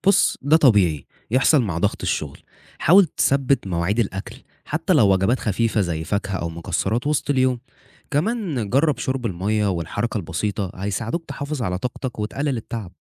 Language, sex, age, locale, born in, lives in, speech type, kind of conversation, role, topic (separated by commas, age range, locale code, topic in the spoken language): Arabic, male, 20-24, ar-EG, Egypt, Egypt, read, expert-assistant, expert, إيه الخطوات اللي ممكن أعملها عشان أعيش حياة صحية أكتر وسط زحمة الشغل وضغوط الحياة؟